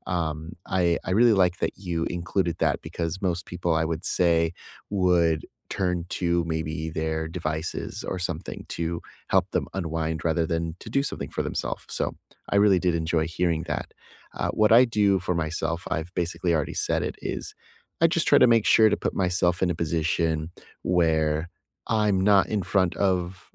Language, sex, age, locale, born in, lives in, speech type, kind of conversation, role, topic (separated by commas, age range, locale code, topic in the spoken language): English, male, 30-34, en-US, United States, United States, conversation, unstructured, none, How do you unwind and recharge after a busy workday?